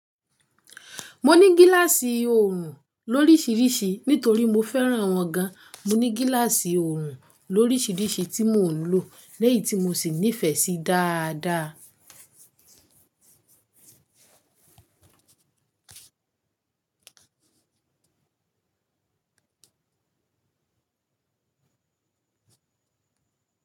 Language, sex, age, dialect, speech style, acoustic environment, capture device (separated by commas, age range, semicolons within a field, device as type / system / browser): Yoruba, female, 25-34, Nigeria - Ibadan, focused, silence, mobile / Linux / Chrome